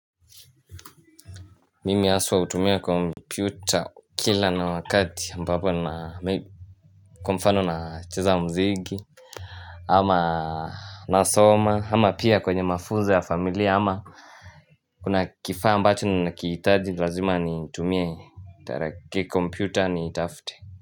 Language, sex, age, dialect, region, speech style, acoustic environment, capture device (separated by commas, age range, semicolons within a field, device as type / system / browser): Swahili, male, 18-24, Kenya - Mombasa, Kenya, happy; focused, silence; music, mobile / Linux / Chrome